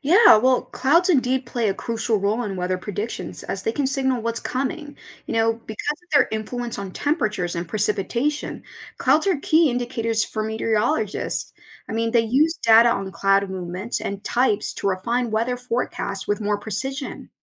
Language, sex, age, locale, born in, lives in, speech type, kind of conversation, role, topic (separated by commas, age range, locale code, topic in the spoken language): English, female, 30-34, en-US, United States, United States, read, expert-assistant, expert, How do clouds form and influence the weather?